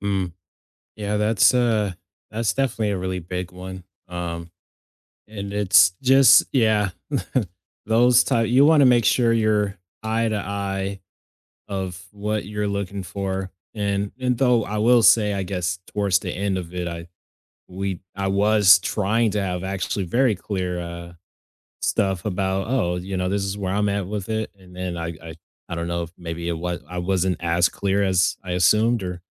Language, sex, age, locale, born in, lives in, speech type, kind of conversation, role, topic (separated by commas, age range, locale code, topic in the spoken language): English, male, 20-24, en-US, United States, United States, conversation, unstructured, none, How can you learn from past relationships while staying open and free of emotional baggage?